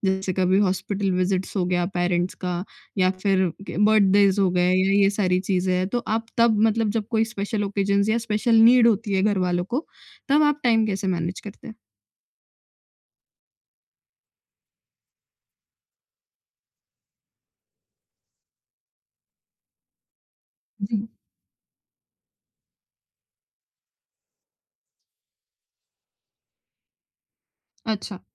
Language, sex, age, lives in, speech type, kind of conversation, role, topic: Hindi, female, 20-24, India, conversation, podcast, host, Time management ke sabse practical tips tum kya share karna chahoge?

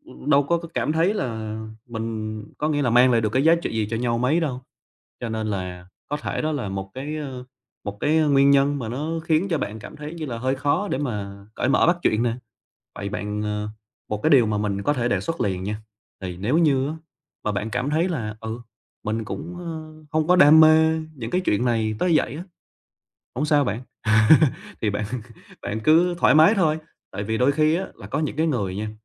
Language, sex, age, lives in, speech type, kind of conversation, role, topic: Vietnamese, male, 25-29, Vietnam, conversation, advice, advisor, Làm sao để tự tin hơn khi gặp gỡ và giao tiếp với bạn bè trong những buổi tụ tập?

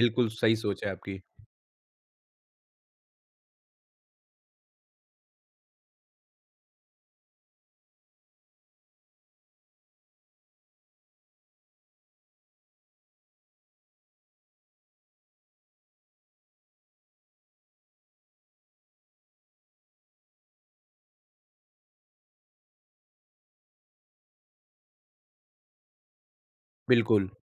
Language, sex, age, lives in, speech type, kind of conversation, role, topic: Hindi, male, 40-44, India, conversation, podcast, host, Tum honesty aur kindness ka balance kaise maintain karte ho?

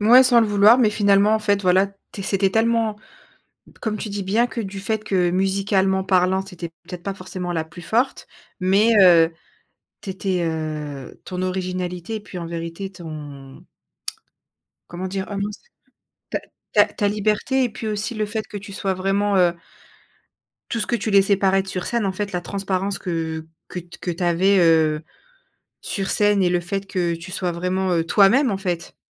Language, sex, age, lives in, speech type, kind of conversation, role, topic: French, female, 30-34, France, conversation, podcast, host, Comment gères-tu la peur du regard des autres et du jugement ?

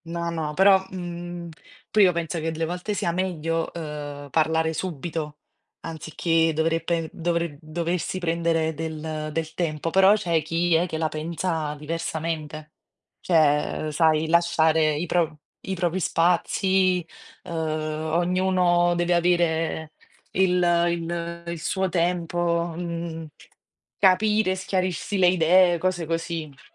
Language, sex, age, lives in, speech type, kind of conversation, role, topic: Italian, female, 30-34, Italy, conversation, unstructured, none, Come gestisci i litigi in una relazione?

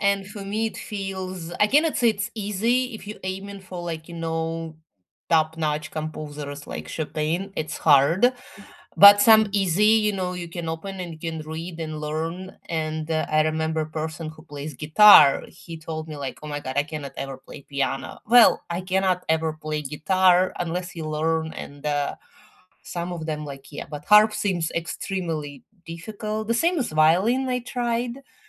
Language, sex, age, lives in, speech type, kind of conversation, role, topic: English, female, 40-44, United States, conversation, unstructured, none, What is a surprising way that music affects how we feel?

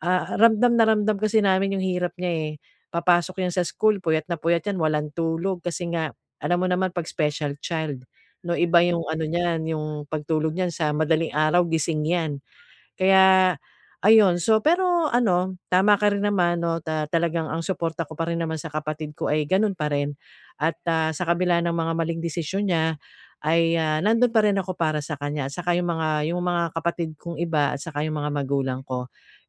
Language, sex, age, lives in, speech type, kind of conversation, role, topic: Filipino, female, 45-49, Philippines, conversation, advice, user, Paano namin maaayos ang ugnayan namin bilang magkakapatid kapag may alitan?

